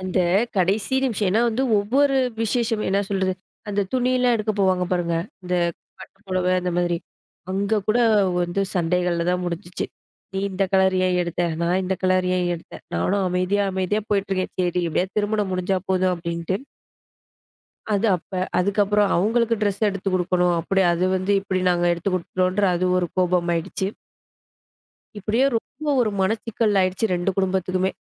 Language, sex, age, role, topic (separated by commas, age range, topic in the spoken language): Tamil, female, 25-29, guest, வீட்டில் நடந்த திருமண விழாக்களில் உங்களுக்கு மிகவும் பிடித்த நினைவுகள் என்னென்ன?